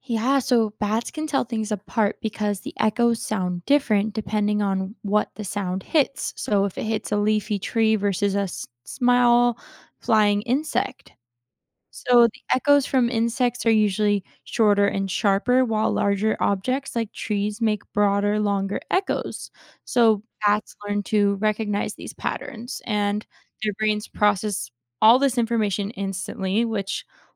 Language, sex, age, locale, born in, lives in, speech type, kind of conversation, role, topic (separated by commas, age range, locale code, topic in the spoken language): English, female, 20-24, en-US, United States, United States, read, expert-assistant, expert, How do bats use echolocation to find food?